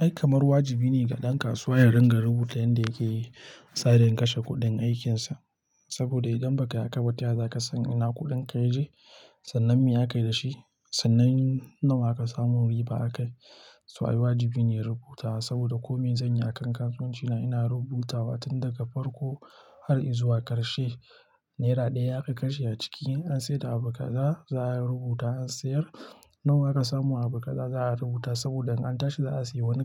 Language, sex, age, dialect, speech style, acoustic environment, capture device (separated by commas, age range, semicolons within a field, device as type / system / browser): Hausa, male, 25-34, Nigeria - Nigerian Standard, happy; relaxed, silence, mobile / Linux / Chrome